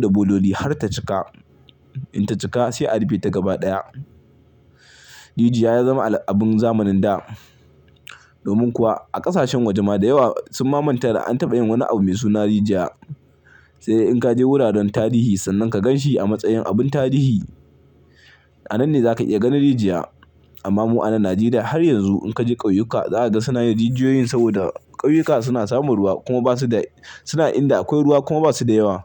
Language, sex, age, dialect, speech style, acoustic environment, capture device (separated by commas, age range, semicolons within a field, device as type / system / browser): Hausa, male, 25-34, Nigeria - Kano, happy, silence; people talking, mobile / Linux / Chrome